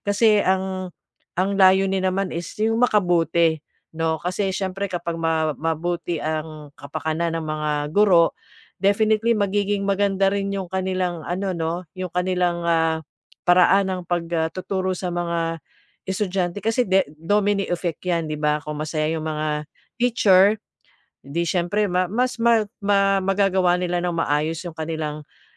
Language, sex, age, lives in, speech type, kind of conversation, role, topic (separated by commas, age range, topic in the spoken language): Filipino, female, 45-49, Philippines, conversation, advice, advisor, Paano ako makakabuo ng suporta at alyansa sa trabaho?